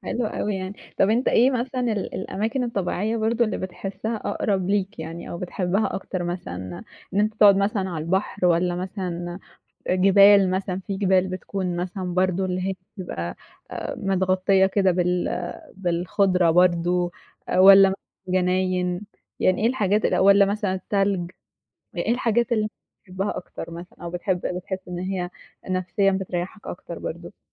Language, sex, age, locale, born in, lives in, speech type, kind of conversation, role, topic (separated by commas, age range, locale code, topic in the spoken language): Arabic, female, 20-24, ar-EG, Egypt, Egypt, conversation, podcast, host, إزاي الطبيعة بتخلّيك تهدى وتريح نفسياً؟